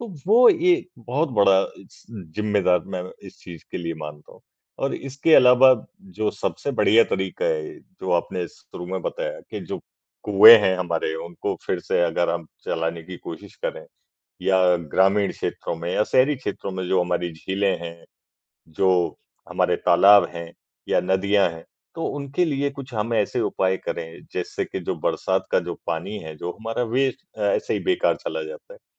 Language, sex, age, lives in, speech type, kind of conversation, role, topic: Hindi, male, 55-59, India, conversation, unstructured, none, जल स्रोतों के सूखने से आपके गाँव या शहर पर क्या असर पड़ता है?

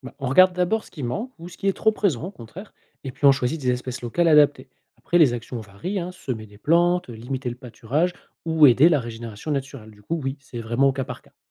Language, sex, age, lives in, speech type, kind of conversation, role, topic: French, male, 40-44, France, read, expert-assistant, expert, Est-ce qu’on peut trouver une analogie simple pour expliquer comment les espèces dans une prairie travaillent ensemble, un peu comme une équipe de sport ?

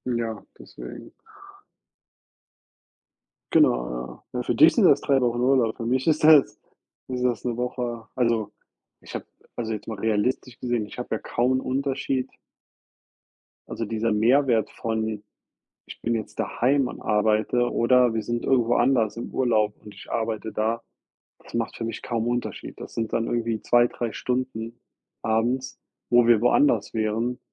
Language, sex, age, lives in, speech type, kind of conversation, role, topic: German, male, 30-34, United States, conversation, unstructured, none, Wie bereitest du dich auf einen längeren Urlaub vor?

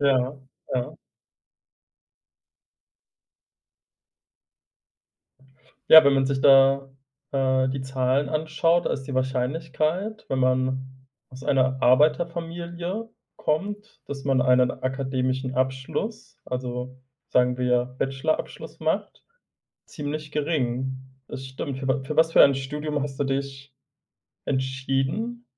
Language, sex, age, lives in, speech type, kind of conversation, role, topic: German, male, 25-29, Germany, conversation, podcast, host, Was ist deine stolzeste Erinnerung?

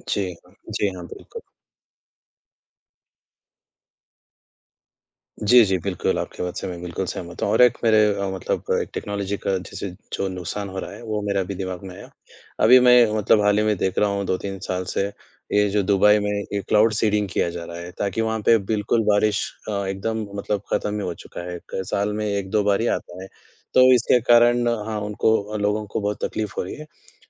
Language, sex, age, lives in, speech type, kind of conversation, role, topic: Hindi, male, 20-24, India, conversation, unstructured, none, क्या तकनीक के कारण पर्यावरण को नुकसान हो रहा है?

